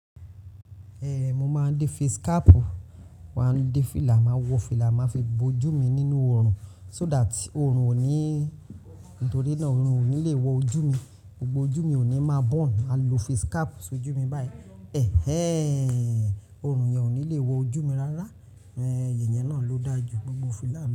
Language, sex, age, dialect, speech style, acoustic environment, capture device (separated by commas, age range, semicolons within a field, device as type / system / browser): Yoruba, male, 18-24, Nigeria - Lagos Yoruba, happy; nervous; anxious; stressed, people talking; traffic, mobile / Linux / Chrome